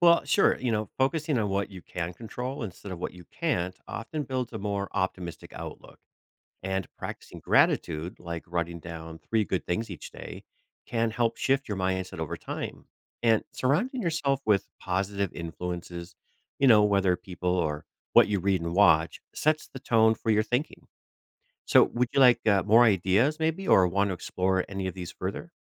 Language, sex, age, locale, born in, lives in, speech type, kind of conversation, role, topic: English, male, 45-49, en-US, United States, United States, read, expert-assistant, expert, How can you develop a positive mindset?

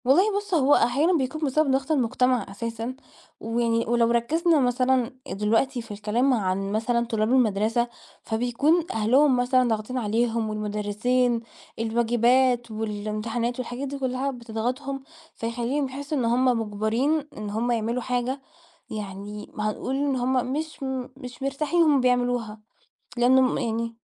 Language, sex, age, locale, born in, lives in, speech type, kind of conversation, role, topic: Arabic, female, 20-24, ar-EG, Egypt, Portugal, conversation, podcast, guest, إزاي نخلي التعلّم ممتع مش واجب؟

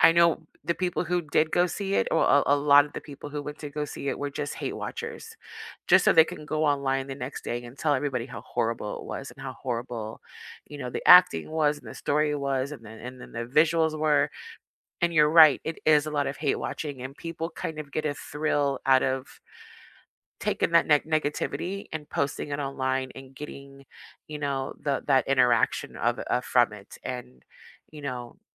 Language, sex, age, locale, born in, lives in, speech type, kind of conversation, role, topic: English, female, 40-44, en-US, United States, United States, conversation, unstructured, none, Do you think popular movies are losing originality these days?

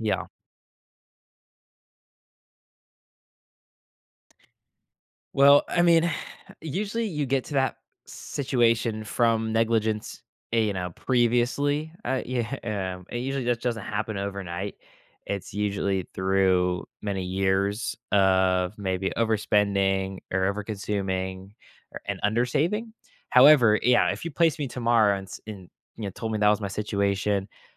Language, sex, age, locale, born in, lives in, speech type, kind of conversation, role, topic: English, male, 18-19, en-US, United States, United States, conversation, podcast, guest, How do common misconceptions about money affect the way people manage their finances?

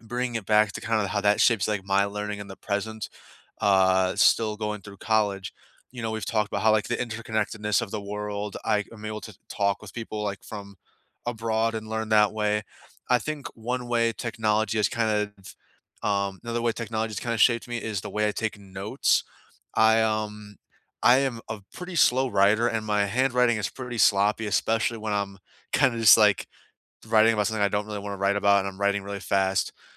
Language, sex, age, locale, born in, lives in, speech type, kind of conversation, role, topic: English, male, 20-24, en-US, United States, United States, conversation, unstructured, none, How has technology shaped your learning in the past and how is it shaping it now?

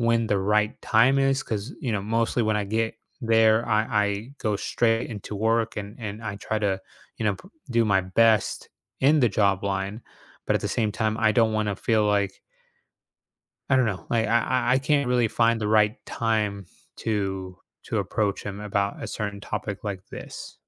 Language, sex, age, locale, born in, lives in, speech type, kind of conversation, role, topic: English, male, 30-34, en-US, United States, United States, conversation, advice, user, How can I build the confidence to ask my manager for a raise?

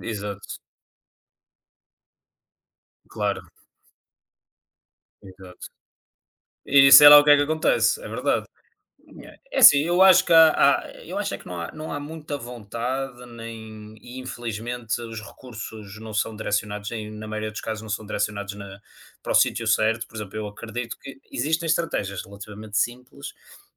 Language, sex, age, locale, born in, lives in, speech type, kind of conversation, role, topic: Portuguese, male, 30-34, pt-PT, Portugal, Portugal, conversation, unstructured, none, Você acha que a violência urbana poderia ser evitada? Como?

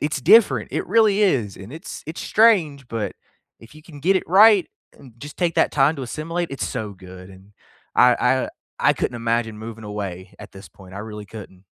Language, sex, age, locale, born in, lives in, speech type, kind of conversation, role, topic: English, male, 25-29, en-US, United States, United States, conversation, unstructured, none, What is your favorite memory from an unplanned day trip?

